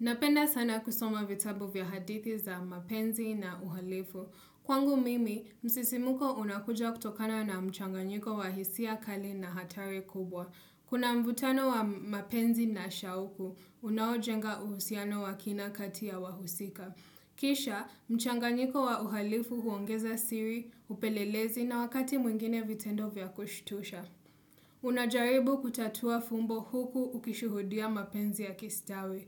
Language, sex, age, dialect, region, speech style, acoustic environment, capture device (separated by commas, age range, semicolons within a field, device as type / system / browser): Swahili, female, 25-34, Kenya - Mombasa, Kenya, happy; focused; excited; anxious, nature; appliances; silence, desktop / Windows / Chrome